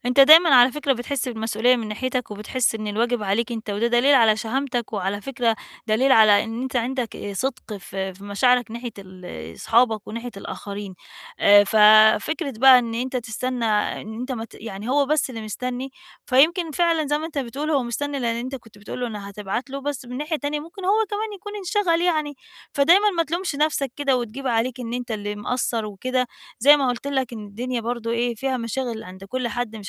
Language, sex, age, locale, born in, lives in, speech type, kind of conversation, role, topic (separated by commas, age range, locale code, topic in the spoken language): Arabic, female, 40-44, ar-EG, Egypt, Portugal, conversation, advice, advisor, ليه بحس إني ببعد عن أصحابي مع مرور الوقت؟